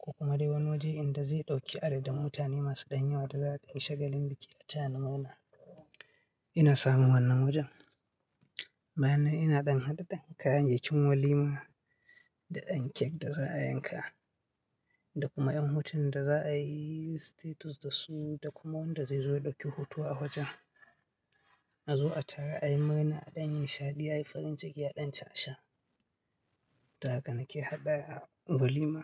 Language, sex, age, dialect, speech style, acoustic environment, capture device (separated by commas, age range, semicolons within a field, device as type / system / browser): Hausa, male, 25-34, Nigeria - Katsina, happy; anxious; nervous; excited; focused; tired; relaxed; stressed, people talking; music; nature; silence; traffic; construction; appliances, mobile / Linux / Chrome